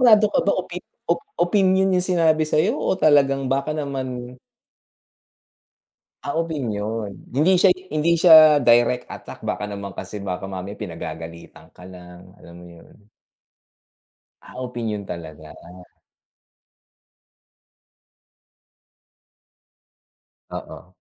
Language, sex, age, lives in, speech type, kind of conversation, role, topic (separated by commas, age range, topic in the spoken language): Filipino, male, 45-49, United States, conversation, unstructured, none, Paano nakaapekto sa pagkatao mo ngayon ang opinyon ng iba?